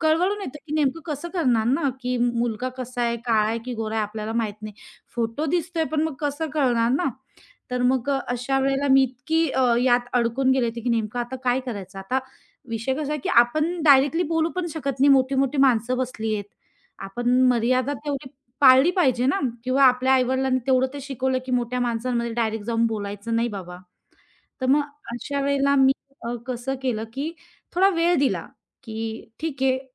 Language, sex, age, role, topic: Marathi, female, 30-34, guest, निर्णय घेण्यासाठी वेळेची मर्यादा ठेवल्यामुळे तुम्हाला कधी फायदा झाला आहे का?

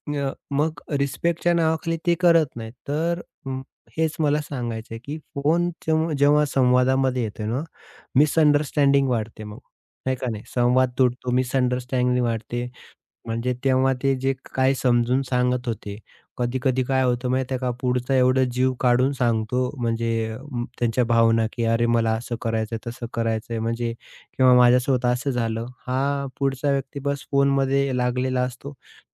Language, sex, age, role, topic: Marathi, male, 30-34, guest, सोबतच्या लोकांसोबत असताना फोन हातात असेल, तर आपला संवाद कसा घसरतो?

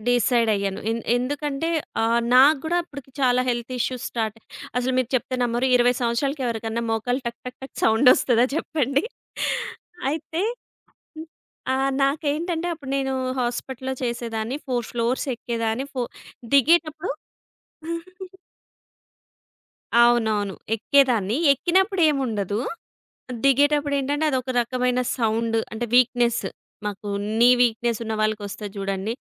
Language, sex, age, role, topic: Telugu, female, 30-34, guest, కొత్త అలవాటు ప్రారంభించడానికి మీరు వేయాల్సిన తొలి అడుగు ఏమిటి?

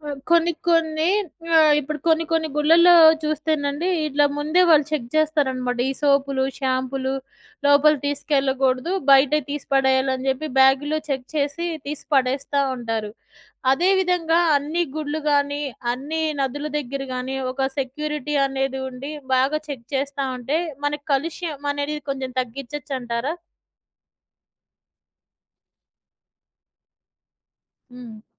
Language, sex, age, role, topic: Telugu, female, 40-44, host, నదులను పరిశుభ్రంగా ఉంచుకోవడానికి మనం ఏ చర్యలు తీసుకోవాలి?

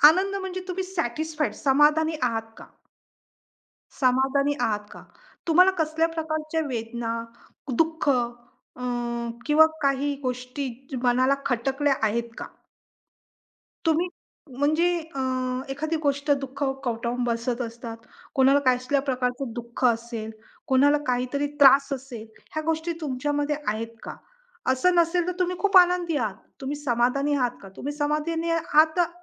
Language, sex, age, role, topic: Marathi, female, 45-49, guest, पगार आणि कामातील समाधान यांचा समतोल साधताना तुम्ही निर्णय कसा घेतला?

